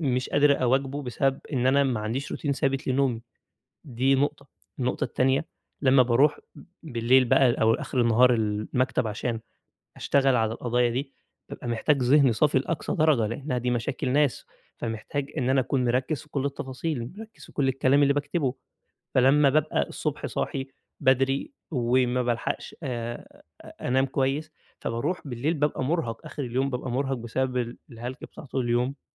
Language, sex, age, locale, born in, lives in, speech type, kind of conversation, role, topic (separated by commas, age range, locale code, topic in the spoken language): Arabic, male, 20-24, ar-EG, Egypt, Egypt, conversation, advice, user, إزاي أقدر أبني روتين يومي يساعدني أتعامل مع إحساس عدم اليقين بسبب أخبار العالم؟